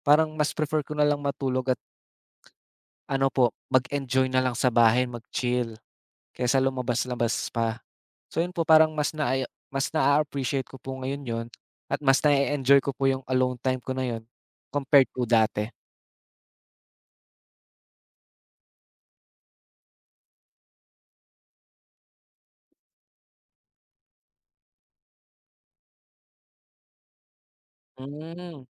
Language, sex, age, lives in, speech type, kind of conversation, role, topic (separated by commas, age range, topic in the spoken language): Filipino, male, 20-24, Philippines, conversation, unstructured, none, Anong simpleng gawain ang nagpapasaya sa iyo araw-araw?